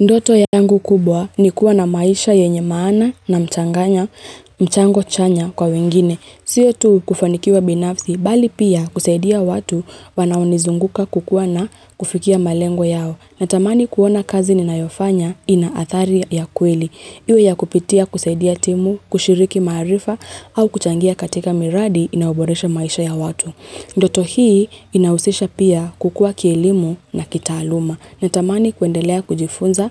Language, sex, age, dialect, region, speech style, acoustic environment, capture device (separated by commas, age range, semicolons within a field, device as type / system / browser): Swahili, female, 25-34, Kenya - Nairobi Sheng-influenced, Kenya, happy, silence, mobile / Linux / Chrome